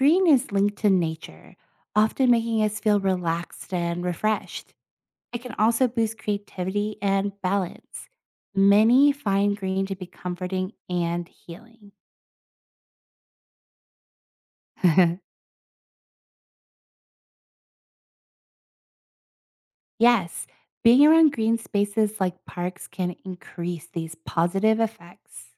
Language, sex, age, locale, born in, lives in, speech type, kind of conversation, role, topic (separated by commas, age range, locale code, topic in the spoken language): English, female, 30-34, en-US, United States, United States, read, expert-assistant, expert, How do colors influence mood and emotions?